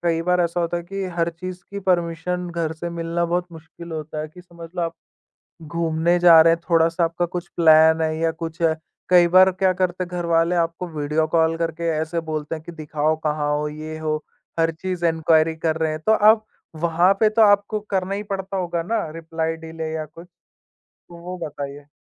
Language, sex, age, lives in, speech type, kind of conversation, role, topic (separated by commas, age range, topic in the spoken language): Hindi, male, 20-24, India, conversation, podcast, host, Delayed replies ya ghosting se relationships kaise bigadte hain?